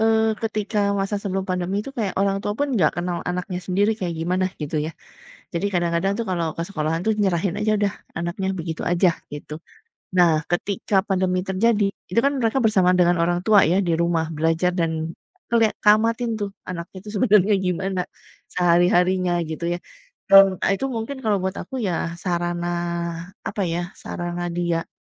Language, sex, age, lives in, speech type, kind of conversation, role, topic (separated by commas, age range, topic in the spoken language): Indonesian, female, 40-44, Indonesia, conversation, unstructured, none, Apa yang membuat kamu sedih tentang perkembangan teknologi saat ini?